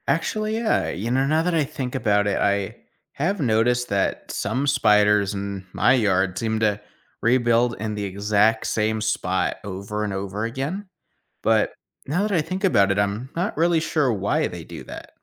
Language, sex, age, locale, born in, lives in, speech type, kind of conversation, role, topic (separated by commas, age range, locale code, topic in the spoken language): English, male, 25-29, en-US, United States, United States, read, expert-assistant, asker, How do spiders spin their webs?